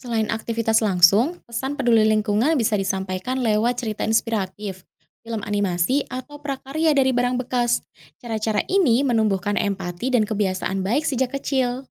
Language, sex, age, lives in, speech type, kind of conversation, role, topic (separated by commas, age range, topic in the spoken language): Indonesian, female, 30-34, Indonesia, read, expert-assistant, expert, Apa solusi menarik supaya wisata laut bisa tetap seru tanpa merusak ekosistemnya?